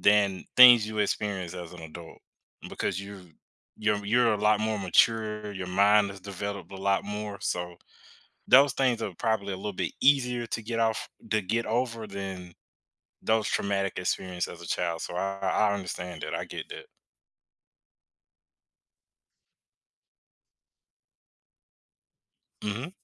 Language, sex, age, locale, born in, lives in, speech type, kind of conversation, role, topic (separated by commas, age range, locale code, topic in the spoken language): English, male, 25-29, en-US, United States, United States, conversation, podcast, guest, How does the act of forgiving impact our relationships and personal growth?